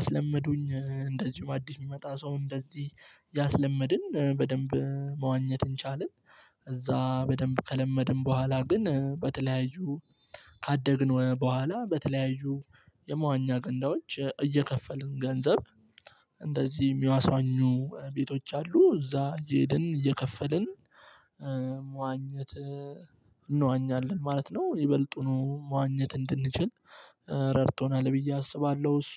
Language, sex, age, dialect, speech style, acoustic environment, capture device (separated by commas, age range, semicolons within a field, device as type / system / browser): Amharic, male, 18-24, Ethiopia - Addis Ababa, relaxed, nature, mobile / Linux / Chrome